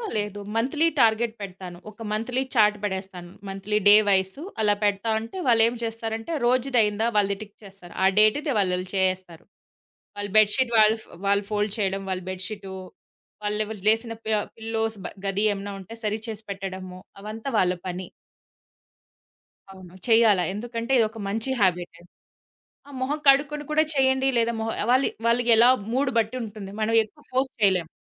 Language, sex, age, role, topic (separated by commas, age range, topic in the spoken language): Telugu, female, 30-34, guest, మీ ఇంట్లో మీ ఉదయం సాధారణంగా ఎలా మొదలవుతుంది?